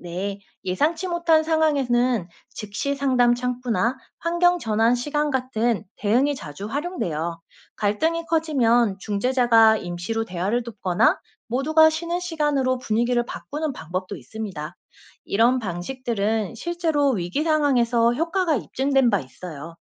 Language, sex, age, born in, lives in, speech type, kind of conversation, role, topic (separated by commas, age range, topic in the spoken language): Korean, female, 35-39, South Korea, South Korea, read, expert-assistant, expert, 화성에 인간이 장기 거주하기 위해 필요한 행성 환경 개선 방안에는 무엇이 있을까요?